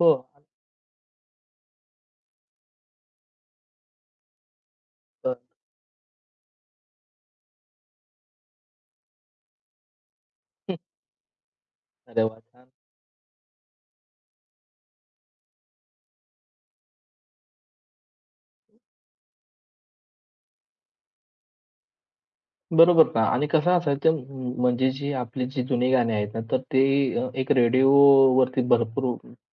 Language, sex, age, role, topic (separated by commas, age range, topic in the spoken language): Marathi, male, 25-29, host, लहानपणी तुम्ही कोणती गाणी नेहमी ऐकत असायचात?